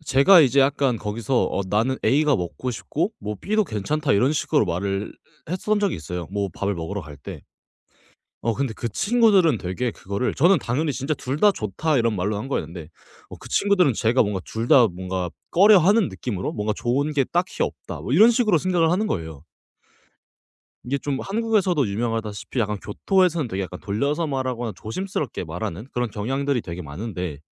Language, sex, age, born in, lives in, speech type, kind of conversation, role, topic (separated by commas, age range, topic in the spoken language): Korean, male, 18-19, South Korea, Japan, conversation, podcast, guest, 언어가 바뀌면서 가장 힘들었던 점은 무엇이었나요?